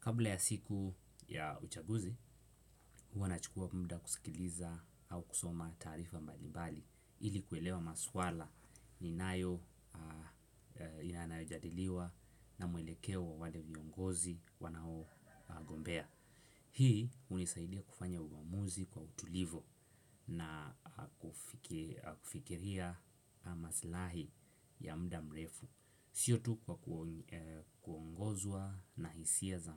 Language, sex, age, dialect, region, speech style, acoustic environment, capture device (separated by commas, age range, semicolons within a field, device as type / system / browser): Swahili, male, 18-24, Kenya - Mombasa, Kenya, excited; focused, nature, desktop / Windows / Chrome